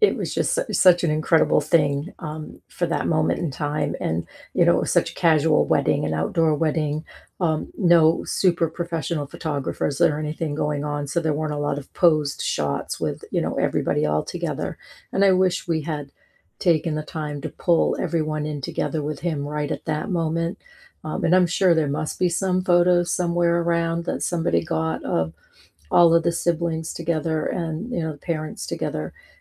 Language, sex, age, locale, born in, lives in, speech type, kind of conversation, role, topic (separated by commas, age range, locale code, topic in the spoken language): English, female, 55-59, en-US, United States, United States, conversation, unstructured, none, What’s the story behind your favorite photo on your phone?